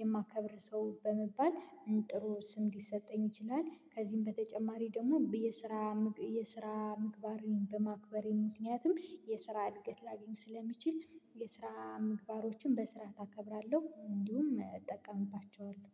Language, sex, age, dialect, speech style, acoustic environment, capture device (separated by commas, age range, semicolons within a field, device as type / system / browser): Amharic, female, 25-34, Ethiopia - Gojjam, excited, silence, mobile / Linux / Chrome